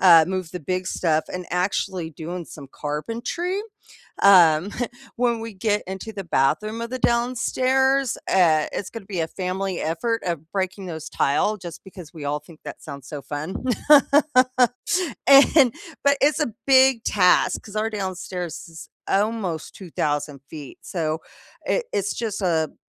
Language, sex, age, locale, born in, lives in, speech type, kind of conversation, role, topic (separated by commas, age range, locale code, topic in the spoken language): English, female, 45-49, en-US, United States, United States, conversation, unstructured, none, How do your weekend DIY projects and family meals bring everyone together?